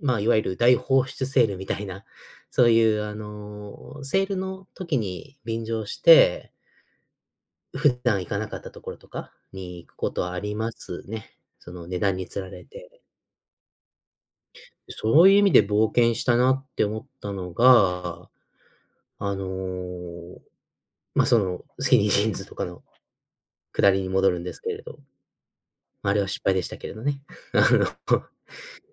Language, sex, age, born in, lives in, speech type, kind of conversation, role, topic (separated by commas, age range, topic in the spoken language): Japanese, male, 30-34, United States, United States, conversation, podcast, guest, いちばんお気に入りの服はどんな服で、なぜそれが好きなのですか？